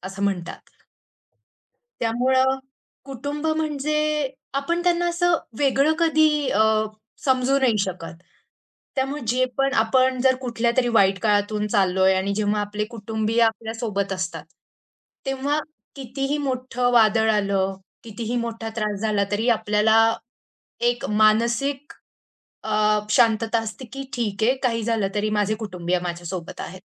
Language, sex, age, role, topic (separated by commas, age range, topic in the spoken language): Marathi, female, 25-29, guest, तुम्ही कुटुंबाकडून मिळणारा आधार कसा अनुभवला आहे?